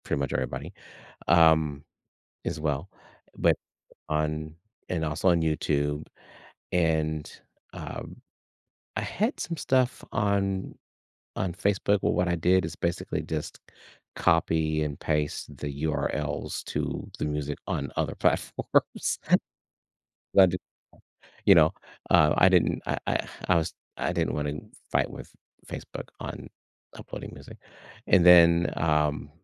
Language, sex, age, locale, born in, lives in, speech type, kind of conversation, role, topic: English, male, 55-59, en-US, United States, United States, conversation, podcast, guest, How has your hobby created meaningful moments in your life?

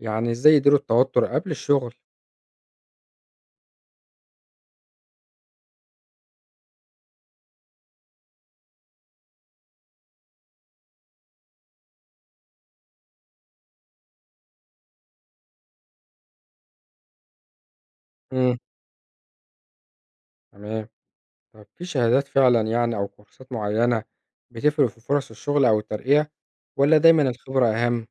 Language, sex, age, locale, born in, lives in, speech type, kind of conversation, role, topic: Arabic, male, 25-29, ar-EG, Egypt, Egypt, read, expert-assistant, asker, المعلومات اللي بنسمعها عن التمريض دايمًا صح؟ ونعرف منين الصح من الغلط؟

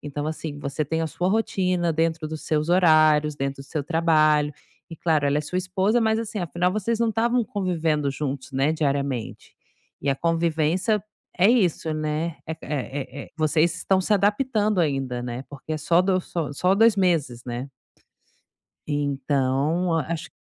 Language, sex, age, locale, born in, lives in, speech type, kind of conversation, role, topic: Portuguese, female, 35-39, pt-BR, Brazil, Spain, conversation, advice, advisor, Como posso lidar com a ansiedade diante de mudanças rápidas?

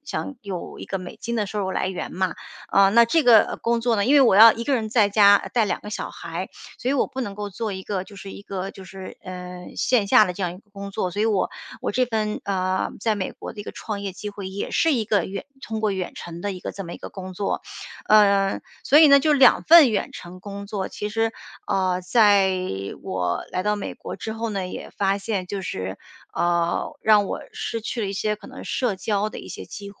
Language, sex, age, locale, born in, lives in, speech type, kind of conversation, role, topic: Chinese, female, 45-49, zh-CN, China, United States, conversation, advice, user, 远程工作如何导致你的社交和生活失衡？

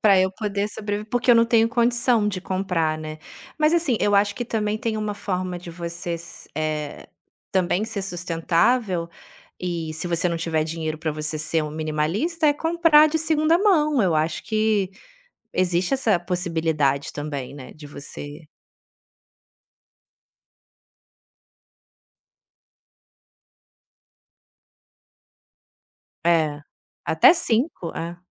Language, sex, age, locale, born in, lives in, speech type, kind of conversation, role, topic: Portuguese, female, 35-39, pt-BR, Brazil, Italy, conversation, podcast, guest, Como você explica a diferença entre minimalismo e expressão pessoal?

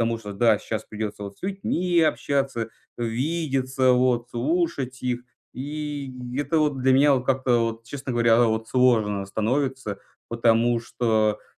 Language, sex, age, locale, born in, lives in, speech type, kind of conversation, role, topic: Russian, male, 20-24, ru-RU, Russia, Estonia, conversation, advice, user, Что делать, если на праздниках я чувствую тревогу и социальную неловкость среди друзей?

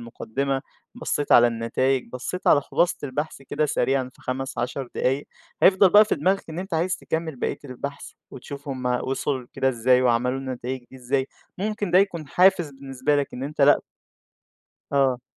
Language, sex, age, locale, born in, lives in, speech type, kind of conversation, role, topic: Arabic, male, 20-24, ar-EG, Egypt, Egypt, conversation, advice, advisor, إزاي أقدر ألتزم إني أقرا كتاب كل يوم؟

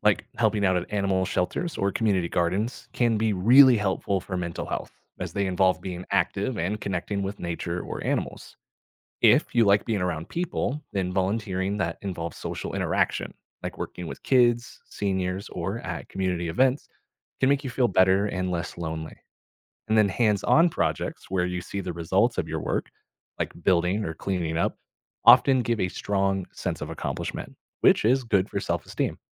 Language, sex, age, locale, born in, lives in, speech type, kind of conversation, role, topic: English, male, 30-34, en-US, United States, United States, read, expert-assistant, expert, What are the advantages of volunteering in your community?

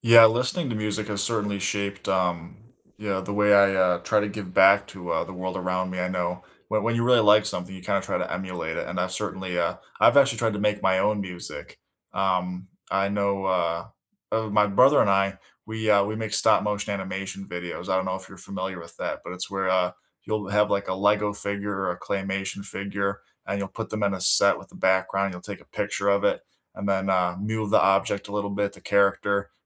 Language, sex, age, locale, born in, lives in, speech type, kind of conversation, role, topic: English, male, 25-29, en-US, United States, United States, conversation, unstructured, none, What music feels like home to you, and what memories does it bring back?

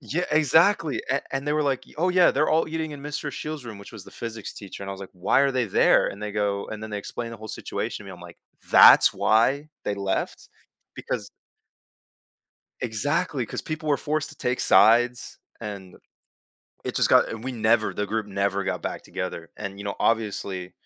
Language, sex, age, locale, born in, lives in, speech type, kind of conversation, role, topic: English, male, 18-19, en-US, United States, United States, conversation, podcast, guest, How can effective communication help people work through disagreements?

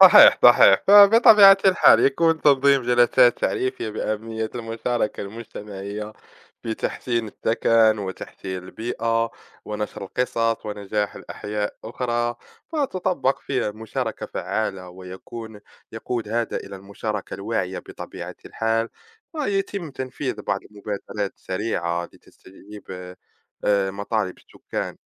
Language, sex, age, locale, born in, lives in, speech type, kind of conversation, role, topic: Arabic, male, 20-24, ar-MA, Morocco, France, conversation, unstructured, none, إيه أهمية مشاركة الناس في المجتمع في تشكيل اختياراتهم للسكن؟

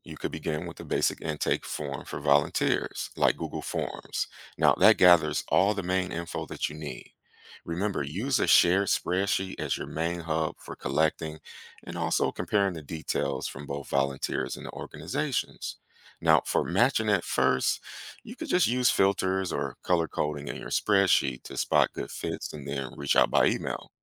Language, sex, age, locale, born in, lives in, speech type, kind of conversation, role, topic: English, male, 35-39, en-US, United States, United States, read, expert-assistant, expert, How do you create a local volunteer matching system?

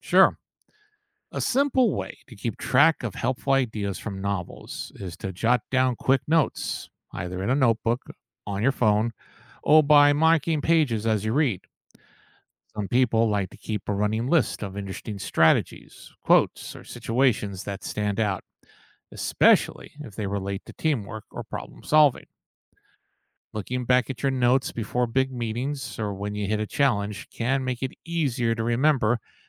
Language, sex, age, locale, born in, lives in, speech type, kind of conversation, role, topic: English, male, 55-59, en-US, United States, United States, read, expert-assistant, expert, How can reading contemporary literature help me grow personally and professionally?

